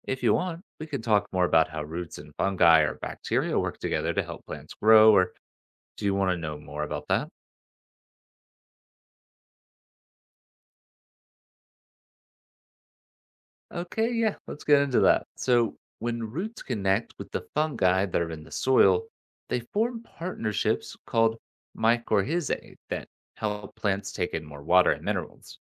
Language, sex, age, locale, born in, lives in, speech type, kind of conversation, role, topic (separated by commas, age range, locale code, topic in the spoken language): English, male, 25-29, en-US, United States, United States, read, expert-assistant, expert, What is the role of roots in helping plants survive and grow?